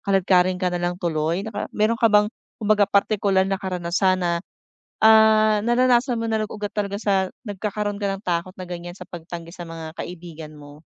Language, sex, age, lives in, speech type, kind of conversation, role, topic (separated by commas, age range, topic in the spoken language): Filipino, female, 40-44, Philippines, conversation, advice, advisor, Paano ko haharapin ang takot na matanggihan kapag lumalapit ako para makipagkaibigan?